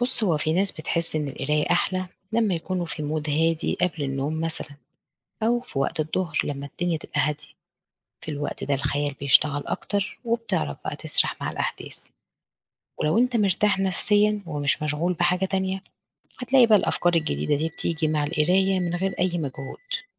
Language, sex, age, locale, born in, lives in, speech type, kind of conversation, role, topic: Arabic, female, 40-44, ar-EG, Egypt, Portugal, read, expert-assistant, expert, ما دور القراءة في تنمية الخيال والإبداع؟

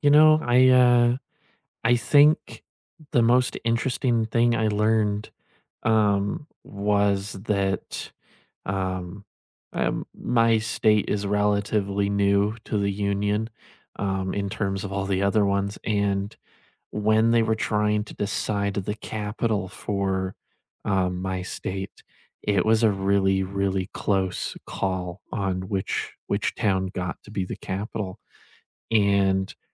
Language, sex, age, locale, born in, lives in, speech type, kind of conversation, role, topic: English, male, 20-24, en-US, United States, United States, conversation, unstructured, none, What was the most surprising thing you learned on a local tour, and how did it change your view?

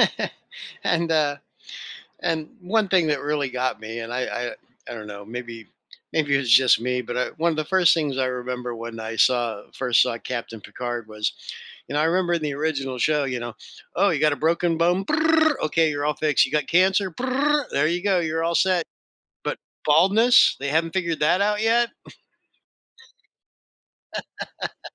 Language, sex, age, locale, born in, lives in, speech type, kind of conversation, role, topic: English, male, 60-64, en-US, United States, United States, conversation, podcast, host, How does storytelling shape our connection to movies, games, and other forms of entertainment?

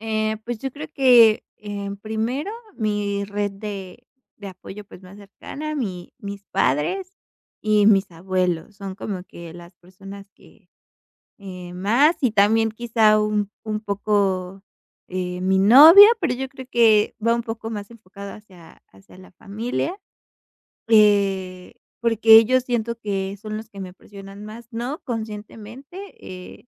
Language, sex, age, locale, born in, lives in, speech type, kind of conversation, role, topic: Spanish, female, 25-29, es-MX, Mexico, Mexico, conversation, advice, user, ¿Cómo puedo manejar el miedo a defraudar a mi familia y no cumplir con sus expectativas?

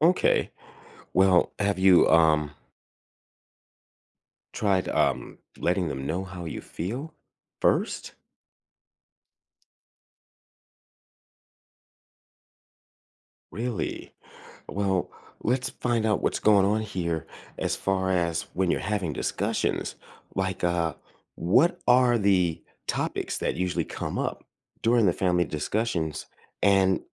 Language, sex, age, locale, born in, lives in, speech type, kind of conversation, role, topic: English, male, 55-59, en-US, United States, United States, conversation, advice, advisor, How can I make my family listen to me during discussions?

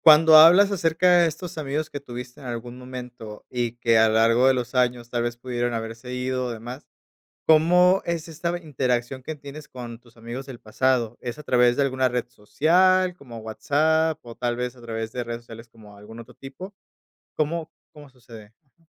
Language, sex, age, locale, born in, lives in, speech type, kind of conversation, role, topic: Spanish, male, 30-34, es-MX, Mexico, Mexico, conversation, podcast, host, ¿Cómo haces amigos en lugares nuevos?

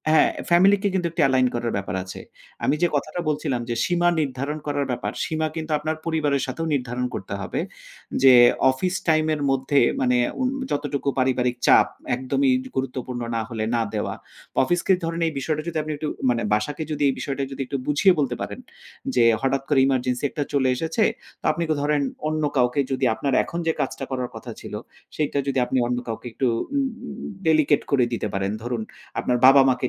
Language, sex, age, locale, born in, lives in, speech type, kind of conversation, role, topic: Bengali, male, 35-39, bn-BD, Bangladesh, Finland, conversation, podcast, guest, কাজ ও ব্যক্তিগত জীবনের মধ্যে ভারসাম্য কীভাবে বজায় রাখা যায়?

